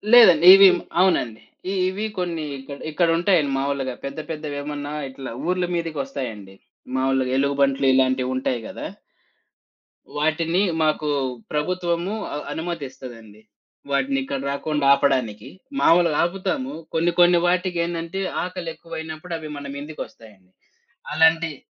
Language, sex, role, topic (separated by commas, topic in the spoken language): Telugu, male, guest, సమస్య వచ్చినప్పుడు దాన్ని శాంతంగా ఎలా చెప్పగలము?